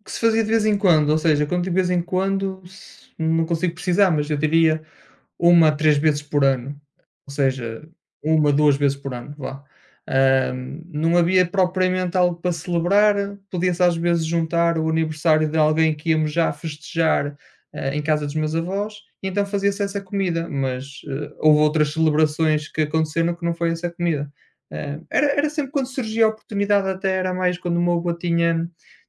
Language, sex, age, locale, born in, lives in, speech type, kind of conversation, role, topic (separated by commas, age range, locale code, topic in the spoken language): Portuguese, male, 30-34, pt-PT, Portugal, Portugal, conversation, unstructured, none, Qual foi a refeição mais especial que você já experimentou?